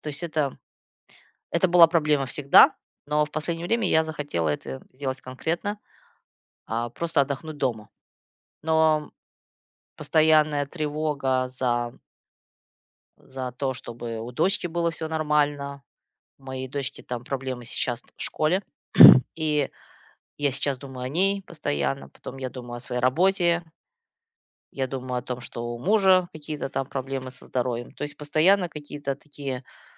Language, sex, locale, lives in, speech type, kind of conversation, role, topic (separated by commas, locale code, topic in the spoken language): Russian, female, ru-RU, United States, conversation, advice, user, Почему я раздражаюсь и тревожусь, когда пытаюсь отдохнуть дома?